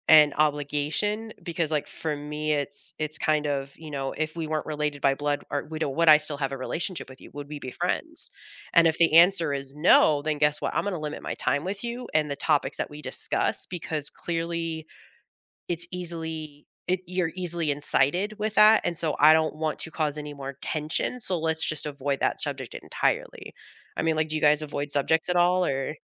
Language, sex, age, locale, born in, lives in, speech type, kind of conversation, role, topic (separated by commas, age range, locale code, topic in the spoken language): English, female, 35-39, en-US, United States, United States, conversation, unstructured, none, How do you handle disagreements with family members?